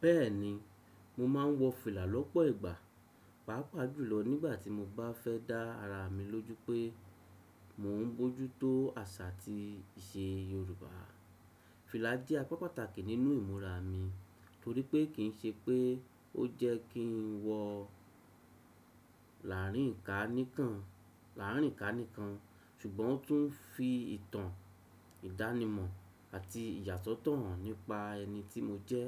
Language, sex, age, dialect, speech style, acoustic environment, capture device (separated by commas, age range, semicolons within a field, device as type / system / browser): Yoruba, male, 35-44, Nigeria - Lagos Yoruba, happy; excited; focused; relaxed, silence, desktop / Windows / Chrome